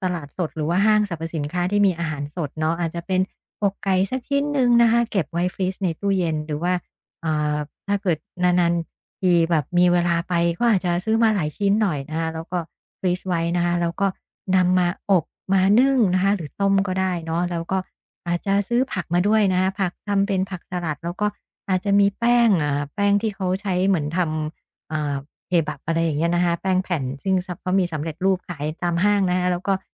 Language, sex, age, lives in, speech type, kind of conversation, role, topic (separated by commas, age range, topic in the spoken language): Thai, female, 50-54, Thailand, conversation, advice, advisor, ฉันไม่มีเวลาเตรียมอาหารเพื่อสุขภาพ ควรทำอย่างไรให้ทำได้ง่ายขึ้น?